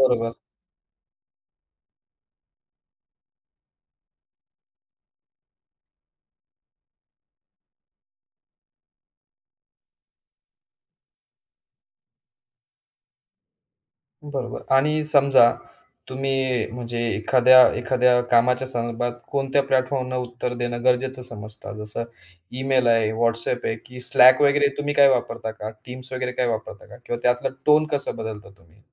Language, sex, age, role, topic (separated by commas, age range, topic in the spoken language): Marathi, male, 35-39, host, व्यावसायिक संदेश आणि खाजगी संदेश यांमध्ये तुम्ही फरक कसा करता?